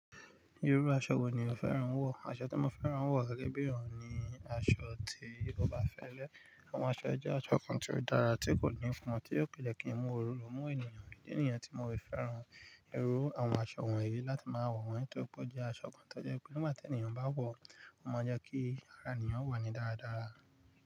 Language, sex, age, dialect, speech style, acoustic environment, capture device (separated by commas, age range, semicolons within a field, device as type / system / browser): Yoruba, male, 35-44, Nigeria - Nigerian Standard, focused, nature, mobile / Linux / Chrome